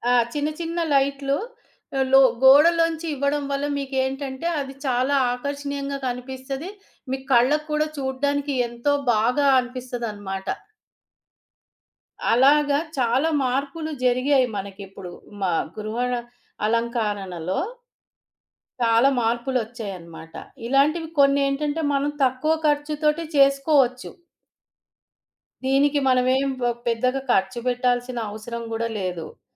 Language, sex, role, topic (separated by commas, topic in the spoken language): Telugu, female, guest, ఇంటిని అందంగా అలంకరించుకోవడానికి సులభమైన ఐడియాలు ఏవేమి?